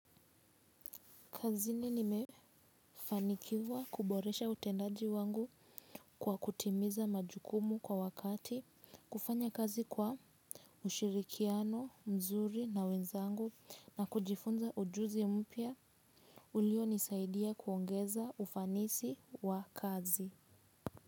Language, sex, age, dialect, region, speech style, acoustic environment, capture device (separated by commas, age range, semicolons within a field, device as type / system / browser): Swahili, female, 18-24, Kenya - Nairobi Sheng-influenced, Kenya, relaxed, silence, mobile / Linux / Chrome